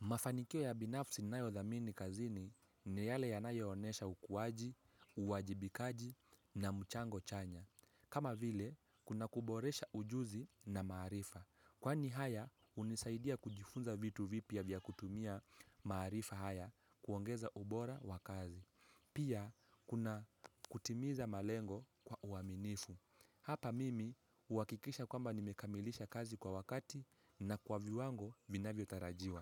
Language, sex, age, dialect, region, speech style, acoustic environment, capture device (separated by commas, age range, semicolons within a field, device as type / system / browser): Swahili, male, 18-24, Kenya - Mombasa, Kenya, happy; relaxed; focused, people talking; nature, mobile / Linux / Chrome